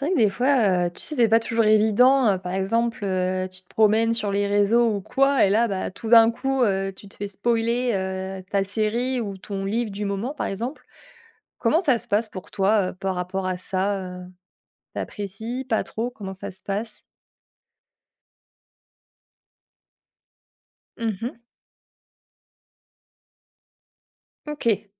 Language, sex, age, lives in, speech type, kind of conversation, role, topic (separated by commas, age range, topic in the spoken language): French, female, 25-29, France, conversation, podcast, host, Quel est ton rapport aux spoilers ?